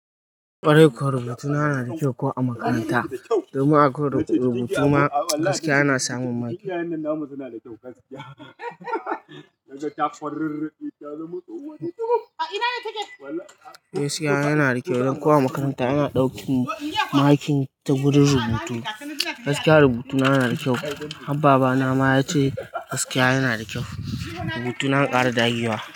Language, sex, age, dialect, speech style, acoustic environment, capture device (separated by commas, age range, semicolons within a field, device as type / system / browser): Hausa, male, 25-34, Nigeria - Kano, happy, people talking, mobile / Linux / Chrome